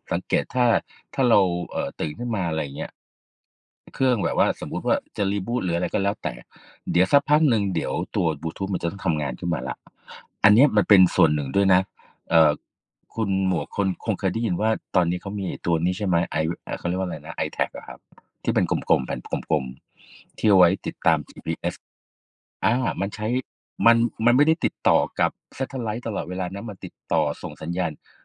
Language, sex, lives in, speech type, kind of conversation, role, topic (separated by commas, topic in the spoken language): Thai, male, Thailand, conversation, unstructured, none, การเก็บข้อมูลส่วนตัวไว้ในโทรศัพท์มือถือเป็นเรื่องน่ากลัวไหม?